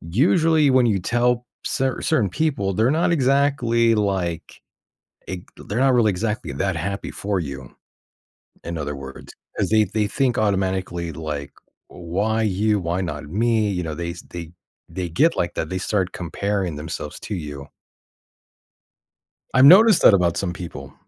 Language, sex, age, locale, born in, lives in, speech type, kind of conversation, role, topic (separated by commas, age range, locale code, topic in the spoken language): English, male, 35-39, en-US, United States, United States, conversation, podcast, host, How does social media shape the way we form and experience relationships?